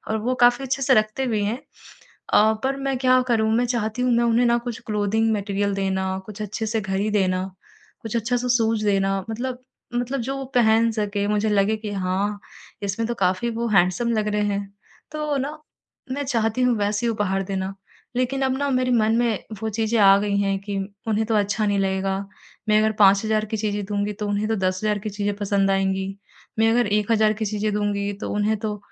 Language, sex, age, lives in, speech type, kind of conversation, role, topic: Hindi, female, 55-59, India, conversation, advice, user, मैं किसी के लिए यादगार और उपयोगी उपहार कैसे चुन सकता/सकती हूँ?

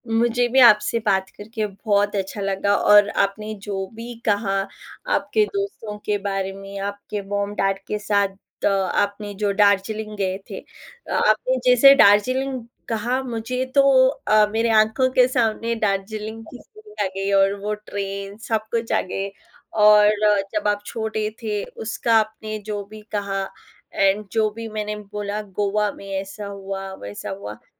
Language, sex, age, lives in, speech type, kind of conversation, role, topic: Hindi, female, 30-34, India, conversation, unstructured, none, आपको यात्रा के दौरान सबसे ज्यादा कौन-सी समस्या होती है?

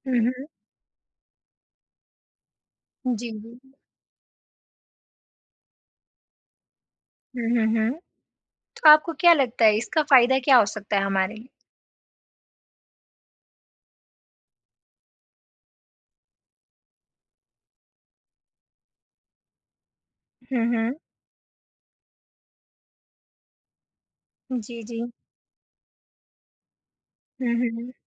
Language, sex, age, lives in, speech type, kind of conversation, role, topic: Hindi, female, 20-24, India, conversation, podcast, host, Seasonal fruits aur veggies kharidne ka fayda kya hai?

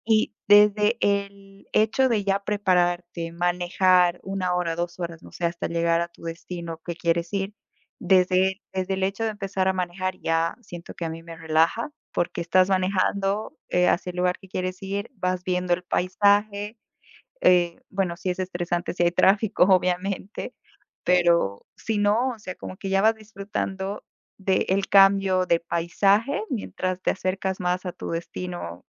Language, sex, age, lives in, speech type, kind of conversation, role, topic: Spanish, female, 25-29, United States, conversation, unstructured, none, ¿Qué beneficios crees que tiene pasar tiempo en la naturaleza?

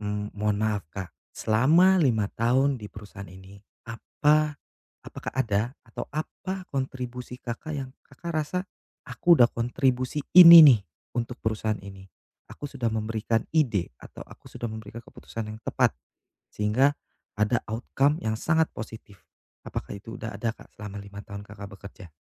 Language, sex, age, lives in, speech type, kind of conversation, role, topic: Indonesian, male, 35-39, Indonesia, conversation, advice, advisor, Bagaimana cara meminta kenaikan gaji setelah beberapa lama bekerja jika saya takut ditolak?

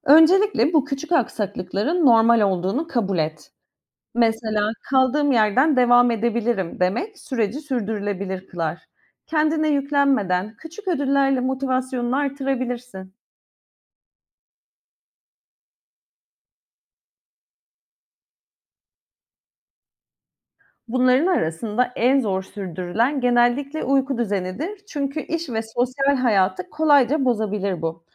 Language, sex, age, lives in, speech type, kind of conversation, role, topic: Turkish, female, 35-39, Greece, read, expert-assistant, expert, Günlük yaşamda stres, uyku ve beslenme arasında zihinsel sağlık açısından hangisine öncelik vermek gerekir?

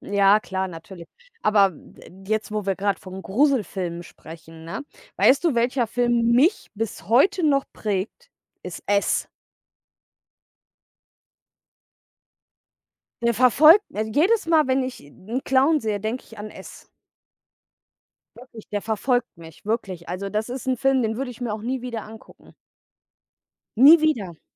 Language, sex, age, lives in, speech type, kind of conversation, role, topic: German, female, 30-34, Germany, conversation, unstructured, none, Was macht für dich einen guten Film aus?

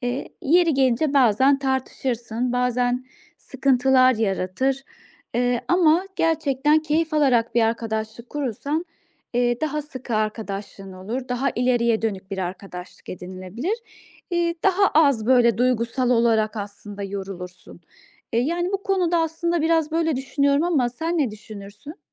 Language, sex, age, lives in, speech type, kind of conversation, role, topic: Turkish, female, 35-39, Austria, conversation, advice, advisor, Duygularımı daha iyi nasıl fark edip ifade edebilirim?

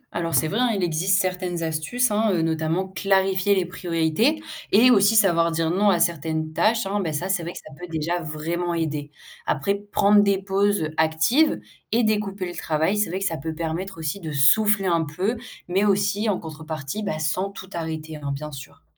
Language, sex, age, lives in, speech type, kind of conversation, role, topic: French, female, 18-19, France, read, expert-assistant, expert, Comment surmonter le manque de moyens ou de ressources dans un service public sans se décourager ?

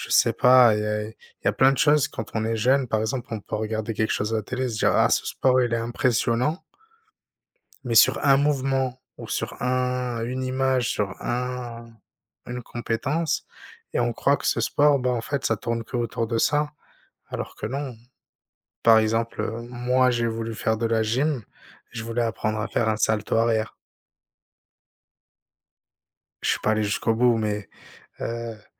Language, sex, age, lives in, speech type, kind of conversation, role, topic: French, male, 30-34, France, conversation, unstructured, none, Comment le sport t’aide-t-il à gérer le stress ?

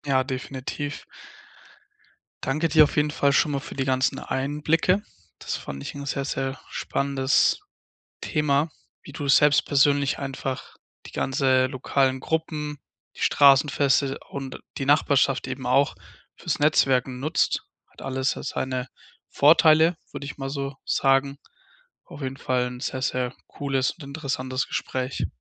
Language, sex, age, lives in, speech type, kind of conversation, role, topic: German, male, 20-24, Germany, conversation, podcast, host, Wie nutzt du deine Nachbarschaft oder lokale Gruppen, um Kontakte zu knüpfen und zu pflegen?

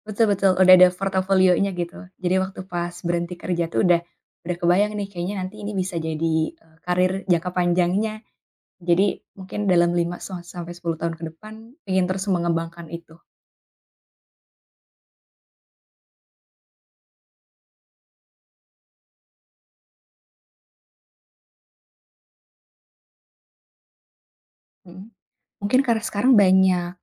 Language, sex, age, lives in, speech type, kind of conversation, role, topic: Indonesian, female, 25-29, Indonesia, conversation, podcast, guest, Apa ambisimu dalam lima atau sepuluh tahun ke depan?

